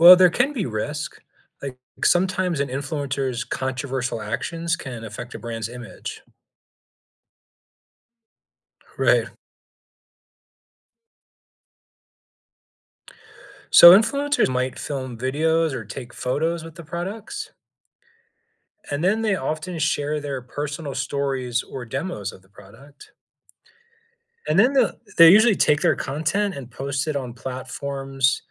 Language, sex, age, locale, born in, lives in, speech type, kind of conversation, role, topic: English, male, 40-44, en-US, United States, United States, read, expert-assistant, expert, Explain how influencers shape the way products are marketed.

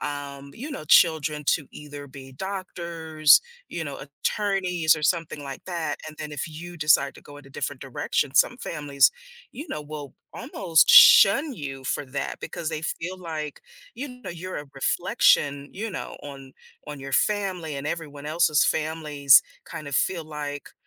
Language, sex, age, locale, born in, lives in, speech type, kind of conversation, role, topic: English, female, 50-54, en-US, United States, United States, conversation, podcast, guest, What helps families build trust and communicate more openly with each other?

